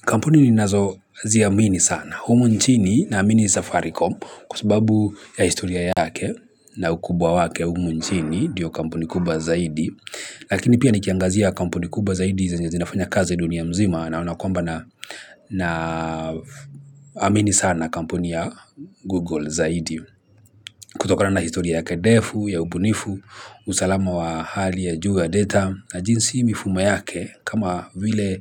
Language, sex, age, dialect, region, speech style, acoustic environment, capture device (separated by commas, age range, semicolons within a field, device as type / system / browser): Swahili, male, 25-34, Kenya - Mombasa, Kenya, happy; nervous; excited, people talking; traffic; construction, mobile / Linux / Chrome